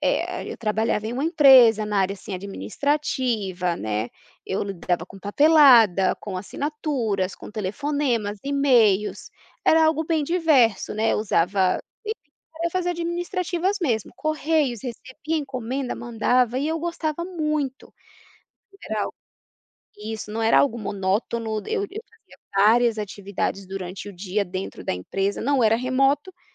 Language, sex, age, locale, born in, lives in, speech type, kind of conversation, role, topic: Portuguese, female, 30-34, pt-BR, Brazil, United States, conversation, advice, user, Por que você quer mudar de carreira para algo mais significativo?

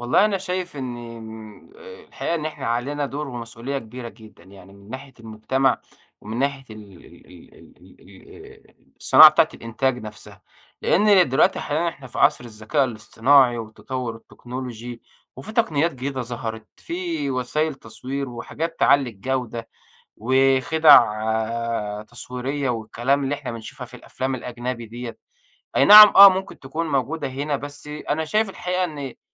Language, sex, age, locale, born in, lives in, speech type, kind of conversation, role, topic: Arabic, male, 25-29, ar-EG, Egypt, Egypt, conversation, podcast, guest, إيه فرص الشركات العربية إنها تنافس عالميًا من وجهة نظرك؟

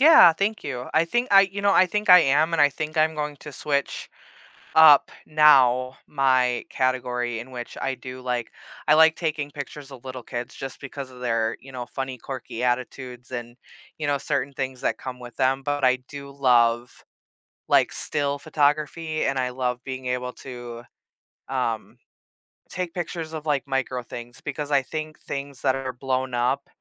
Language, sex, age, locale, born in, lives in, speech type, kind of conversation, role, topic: English, female, 30-34, en-US, United States, United States, conversation, advice, user, How can I confidently turn my passion into a job?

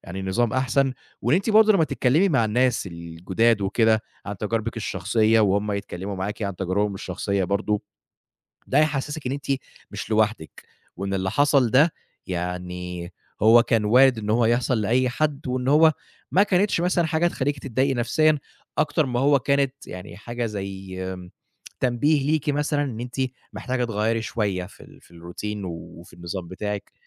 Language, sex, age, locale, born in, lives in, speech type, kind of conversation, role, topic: Arabic, male, 25-29, ar-EG, Egypt, Egypt, conversation, advice, advisor, إزاي خوفك من الإصابات والإفراط في التمرين بيأثر عليك نفسيًا؟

